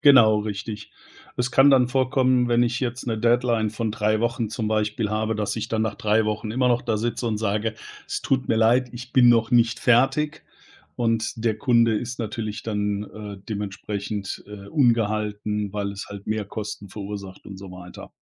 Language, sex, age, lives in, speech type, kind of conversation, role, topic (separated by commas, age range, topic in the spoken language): German, male, 50-54, Germany, conversation, advice, user, Wie verzögert Perfektionismus den Abschluss wichtiger Projekte?